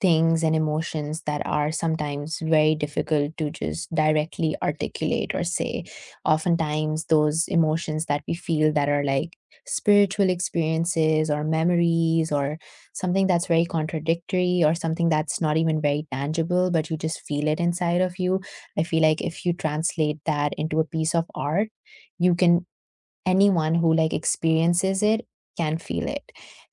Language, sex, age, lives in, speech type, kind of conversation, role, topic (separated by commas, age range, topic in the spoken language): English, female, 25-29, United States, conversation, unstructured, none, What role does art play in everyday life?